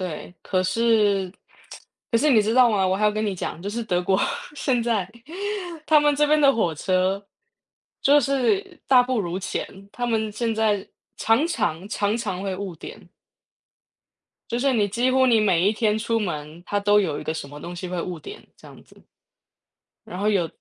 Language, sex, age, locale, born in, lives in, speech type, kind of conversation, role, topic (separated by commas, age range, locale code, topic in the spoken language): Chinese, female, 35-39, zh-TW, Taiwan, Germany, conversation, unstructured, none, 你的梦想旅行目的地是哪里？为什么想去那里？